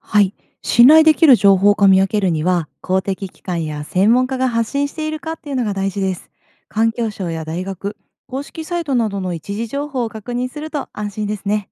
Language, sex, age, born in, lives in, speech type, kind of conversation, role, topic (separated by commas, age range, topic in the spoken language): Japanese, female, 30-34, Japan, Japan, read, expert-assistant, expert, インターネットで見かける生態系の話題、信頼できる情報とそうでない情報の見分け方は？